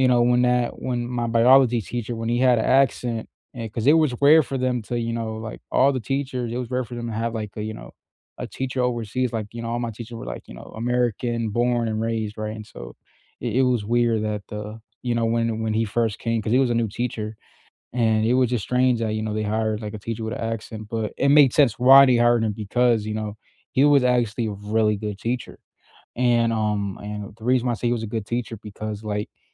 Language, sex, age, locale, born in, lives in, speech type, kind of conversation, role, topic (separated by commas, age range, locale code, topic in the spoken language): English, male, 20-24, en-US, United States, United States, conversation, unstructured, none, What learning style helps you most—visuals, hands-on practice, or listening—and how has it shaped you?